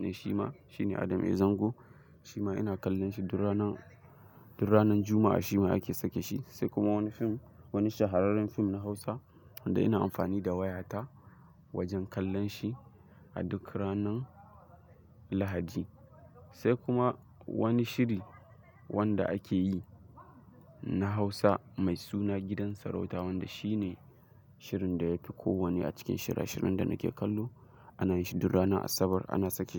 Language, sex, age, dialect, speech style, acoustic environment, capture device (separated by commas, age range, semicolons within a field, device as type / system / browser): Hausa, male, 18-24, Nigeria - Kano, excited; focused, nature, mobile / Linux / Chrome